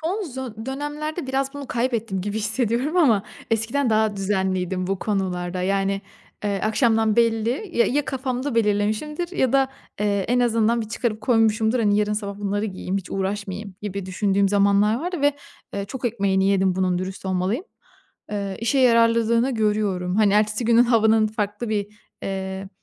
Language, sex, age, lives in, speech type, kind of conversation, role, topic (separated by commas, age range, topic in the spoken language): Turkish, female, 25-29, Italy, conversation, podcast, guest, Ertesi günü kolaylaştırmak için akşam neler hazırlarsın?